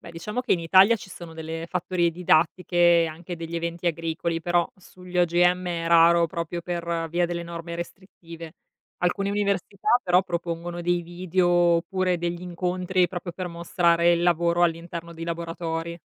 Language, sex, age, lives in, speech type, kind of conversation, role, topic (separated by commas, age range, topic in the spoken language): Italian, female, 35-39, Italy, read, expert-assistant, expert, Si dice che le colture OGM siano pericolose per la salute: è una verità o un mito?